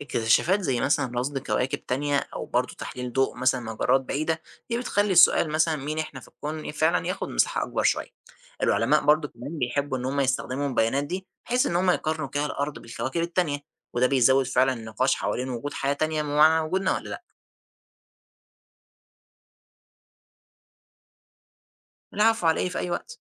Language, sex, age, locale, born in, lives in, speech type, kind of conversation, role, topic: Arabic, male, 20-24, ar-EG, Egypt, Egypt, read, expert-assistant, expert, إيه الكتب أو البودكاست اللي بتخلي موضوع الكون سهل وممتع وكلامهم موثوق؟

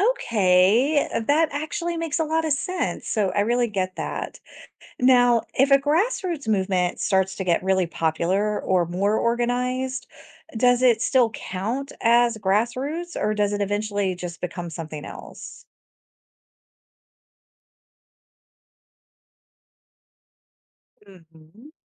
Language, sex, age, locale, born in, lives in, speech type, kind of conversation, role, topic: English, female, 45-49, en-US, United States, United States, read, expert-assistant, asker, What does the term grassroots movement mean in sociology?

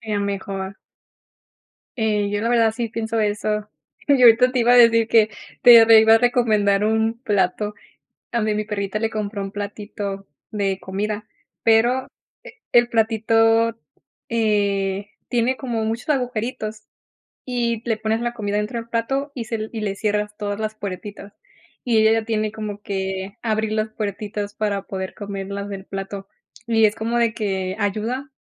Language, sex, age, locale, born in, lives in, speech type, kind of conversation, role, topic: Spanish, female, 30-34, es-MX, Mexico, United States, conversation, unstructured, none, ¿Alguna vez un animal te ha sorprendido con su inteligencia?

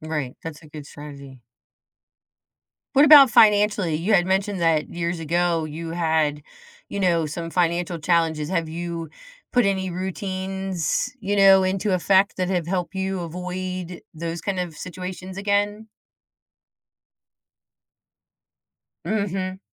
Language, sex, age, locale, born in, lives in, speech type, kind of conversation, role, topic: English, female, 50-54, en-US, United States, United States, conversation, podcast, host, What helps you stay resilient when working toward your goals?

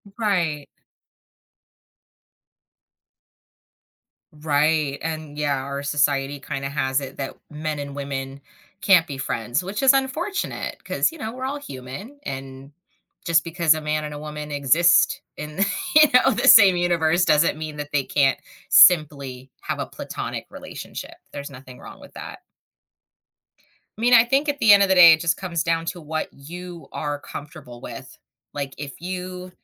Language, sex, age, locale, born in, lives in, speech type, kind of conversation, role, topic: English, female, 35-39, en-US, United States, United States, conversation, advice, advisor, How can I reconnect with a close friend who drifted apart?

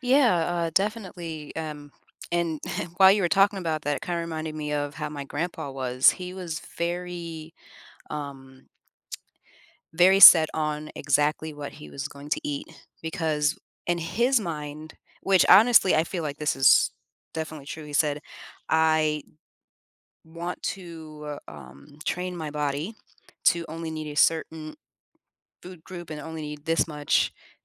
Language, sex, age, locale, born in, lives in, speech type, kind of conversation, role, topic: English, female, 30-34, en-US, United States, United States, conversation, podcast, guest, How do comfort foods connect to our emotions and memories?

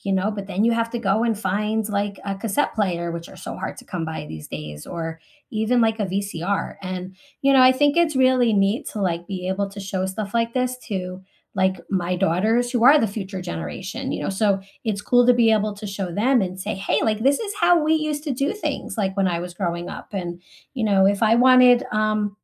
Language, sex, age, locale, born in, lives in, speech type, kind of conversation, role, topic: English, female, 45-49, en-US, United States, United States, conversation, unstructured, none, How can you keep memories alive through stories, rituals, and everyday reminders?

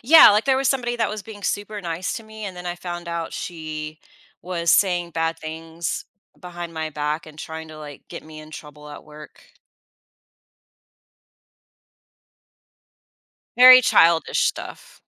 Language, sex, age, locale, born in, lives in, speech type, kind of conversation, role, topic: English, female, 40-44, en-US, United States, United States, conversation, advice, user, How can I accept compliments gracefully without feeling awkward or dismissing them?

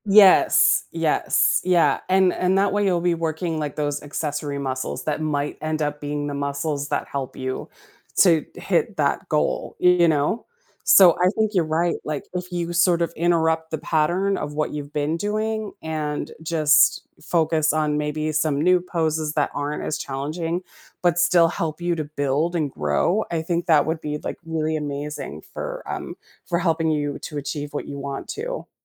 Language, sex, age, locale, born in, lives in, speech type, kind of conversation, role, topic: English, female, 45-49, en-US, United States, United States, conversation, advice, advisor, How can I stay motivated and make consistent progress when my personal goals feel slow?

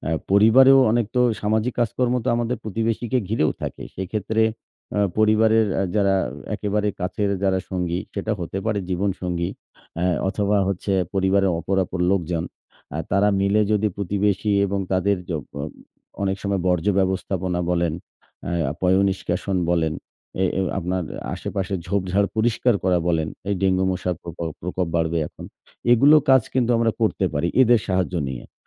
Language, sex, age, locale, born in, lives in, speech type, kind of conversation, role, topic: Bengali, male, 40-44, bn-BD, Bangladesh, Bangladesh, conversation, unstructured, none, সম্প্রতি কোন সামাজিক উদ্যোগ আপনাকে অনুপ্রাণিত করেছে?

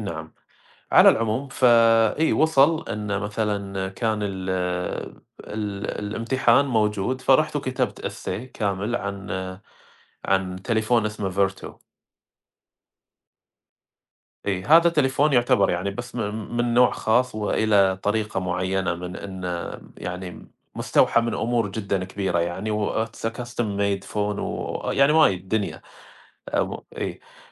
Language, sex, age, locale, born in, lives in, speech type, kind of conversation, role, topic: Arabic, male, 30-34, ar-SA, Saudi Arabia, United States, conversation, unstructured, none, إيه دور القراية في إنها تطوّر مهارات الكتابة عند الطلبة؟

